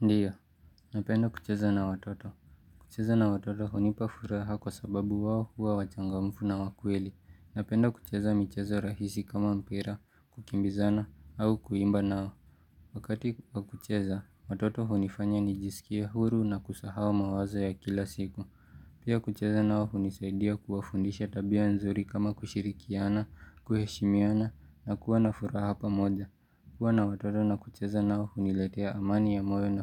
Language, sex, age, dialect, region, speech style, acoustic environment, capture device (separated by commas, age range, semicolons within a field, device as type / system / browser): Swahili, male, 18-24, Kenya - Nairobi Sheng-influenced, Kenya, relaxed, nature; music; silence, mobile / Linux / Chrome